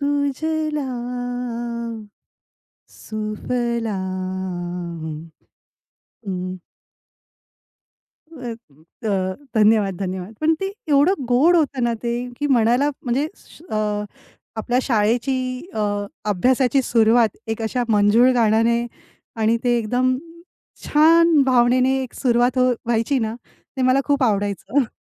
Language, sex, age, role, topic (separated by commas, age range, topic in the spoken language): Marathi, female, 35-39, guest, लहानपणी ऐकलेले गाणे तुम्हाला आजही आठवते का?